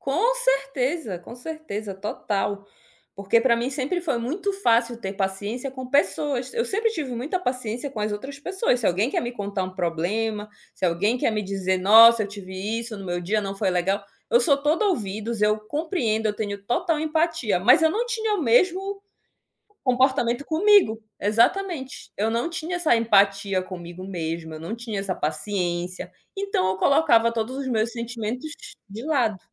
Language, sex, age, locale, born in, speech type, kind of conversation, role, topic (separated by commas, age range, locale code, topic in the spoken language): Portuguese, female, 30-34, pt-BR, Brazil, conversation, podcast, guest, Como vocês resolvem brigas em casa sem explodir?